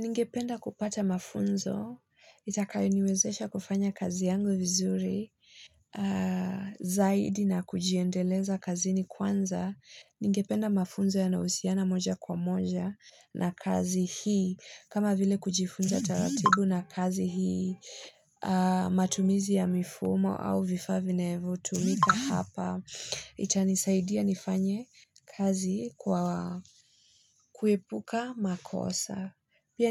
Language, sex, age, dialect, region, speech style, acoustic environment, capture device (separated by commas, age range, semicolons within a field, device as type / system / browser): Swahili, female, 25-34, Kenya - Nairobi Sheng-influenced, Kenya, happy; excited; focused; nervous; anxious, people talking; nature; silence; music, mobile / Linux / Chrome